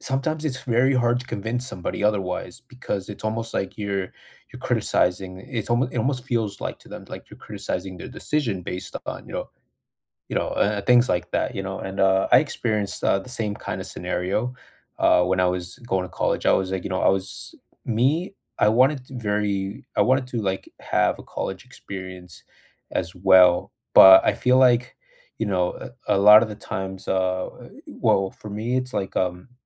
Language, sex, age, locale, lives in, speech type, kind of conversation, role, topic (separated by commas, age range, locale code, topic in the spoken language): English, male, 25-29, en-US, United States, conversation, unstructured, none, Which real-life skill do you wish schools had taught everyone, and why?